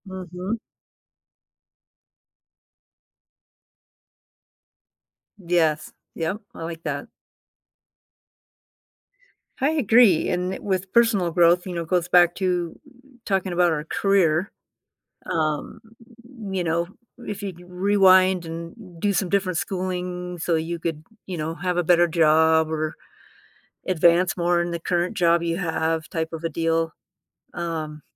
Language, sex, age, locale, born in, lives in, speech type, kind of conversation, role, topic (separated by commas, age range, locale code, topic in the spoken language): English, female, 55-59, en-US, United States, United States, conversation, unstructured, none, Would you rather have a rewind button for your life or a pause button?